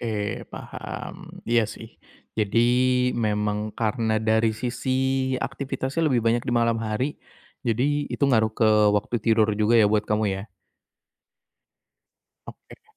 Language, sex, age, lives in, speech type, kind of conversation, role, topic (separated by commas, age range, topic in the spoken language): Indonesian, male, 25-29, Indonesia, conversation, advice, advisor, Bagaimana saya bisa menata ulang rutinitas agar terbentuk kebiasaan sehat dan pemicu lingkungan buruk dapat dihindari?